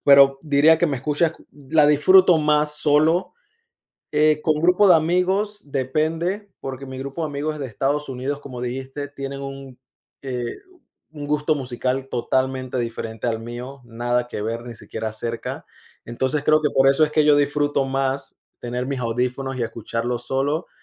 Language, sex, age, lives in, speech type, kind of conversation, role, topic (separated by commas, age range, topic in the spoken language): Spanish, male, 30-34, United States, conversation, unstructured, none, ¿Qué tipo de música te gusta escuchar en tu tiempo libre?